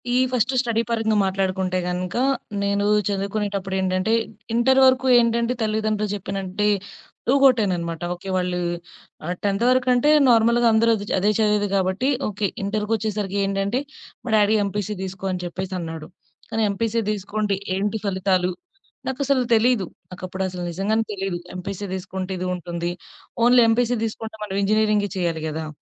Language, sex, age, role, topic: Telugu, female, 25-29, guest, దూరమైన తల్లిదండ్రులతో సంబంధాన్ని మళ్లీ ఎలా దగ్గర చేయుకోవాలి?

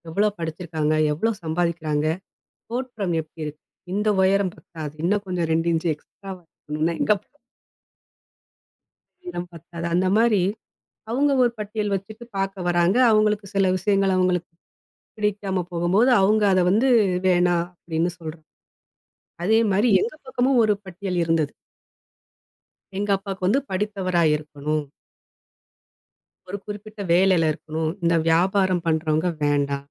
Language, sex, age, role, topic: Tamil, female, 40-44, guest, தோல்வியை நீங்கள் எப்படிக் கற்றலாக மாற்றுகிறீர்கள்?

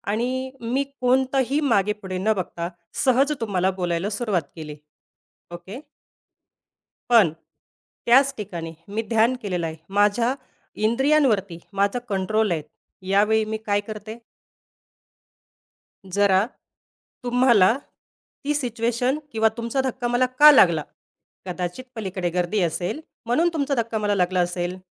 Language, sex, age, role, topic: Marathi, female, 30-34, guest, लहान बदलांमुळे तुमच्या मन आणि शरीराला कोणते फायदे झाले?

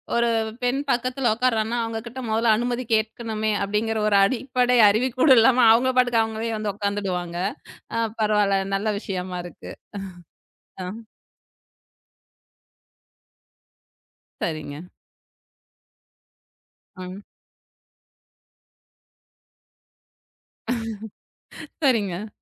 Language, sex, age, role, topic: Tamil, female, 35-39, host, நெருக்கமான இடத்தில் அருகில் உட்கார்ந்திருக்கும் ஒருவரிடம் நீங்கள் என்ன சொல்லுவீர்கள்?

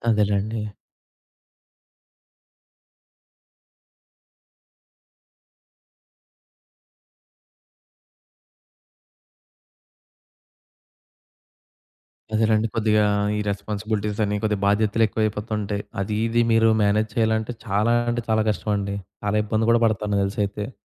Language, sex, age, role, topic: Telugu, male, 20-24, host, పెళ్లి తర్వాత రెండు కుటుంబాల మధ్య సరైన గడులు ఎలా నిర్ణయించుకోవాలి?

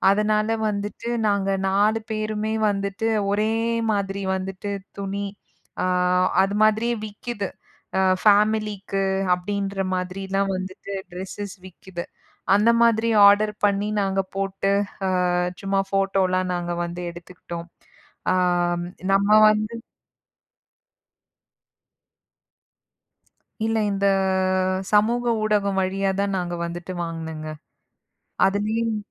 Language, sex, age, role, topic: Tamil, female, 35-39, guest, சமூக ஊடகங்கள் உங்கள் உடைத் தேர்வை எந்த அளவுக்கு பாதிக்கின்றன?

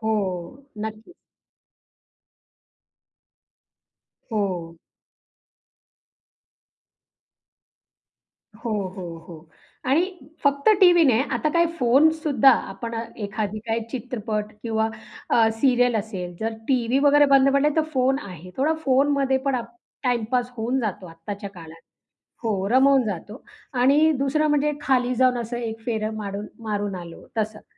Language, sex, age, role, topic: Marathi, female, 35-39, guest, घरातल्या वयोवृद्धांची काळजी कशी घ्यावी?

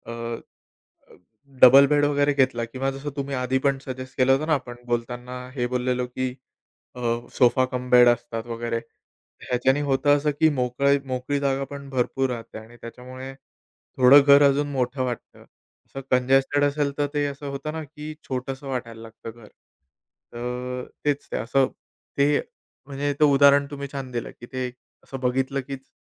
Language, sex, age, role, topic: Marathi, male, 30-34, guest, लहान अपार्टमेंटमध्ये जागा कशी वाढवता येईल?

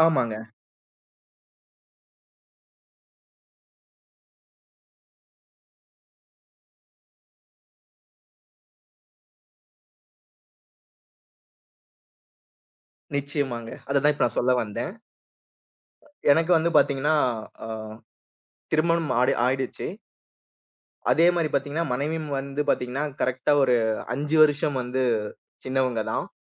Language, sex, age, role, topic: Tamil, male, 30-34, guest, பயணத்தின் போது எதிர்பாராத விதமாக யாரோ உங்களுக்கு வழிகாட்டிய ஒரு கதையை சொல்ல முடியுமா?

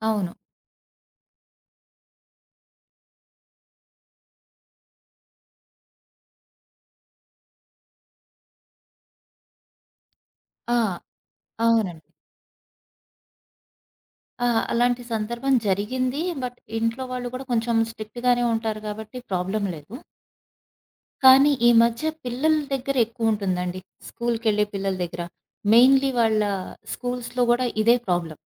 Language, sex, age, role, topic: Telugu, female, 35-39, guest, ఒత్తిడిని తగ్గించుకోవడానికి మీరు ప్రతిరోజూ చేసే చిన్న అలవాట్లు ఏమిటి?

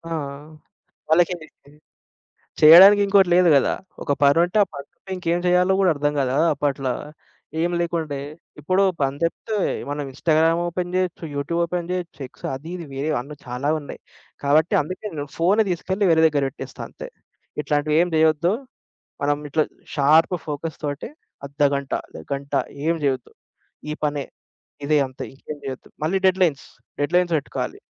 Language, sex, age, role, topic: Telugu, male, 25-29, guest, ఆలస్యం చేస్తూ పనిని వాయిదా వేసే అలవాటు వచ్చినప్పుడు మీరు ఏమి చేస్తారు?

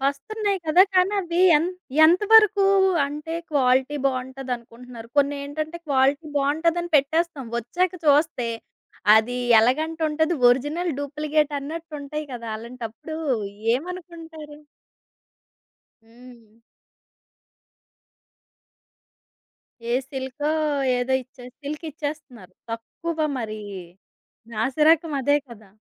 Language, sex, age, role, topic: Telugu, female, 30-34, host, నోటిఫికేషన్లు మీ రోజువారీ దినచర్యను ఎలా మార్చుతాయి?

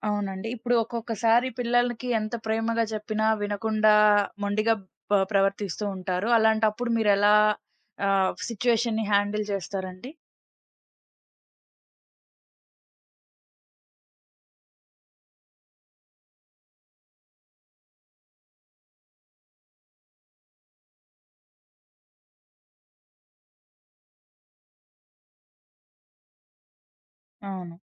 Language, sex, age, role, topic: Telugu, female, 20-24, host, పిల్లలతో మన భావాలను సంకోచం లేకుండా ఎలా పంచుకోవాలి?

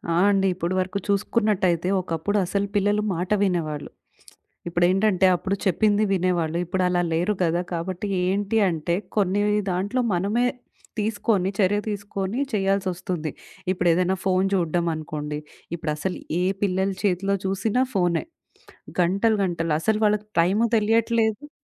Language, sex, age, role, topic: Telugu, female, 30-34, guest, పిల్లల విషయంలో గడపలను ఎలా నిర్ణయిస్తారు?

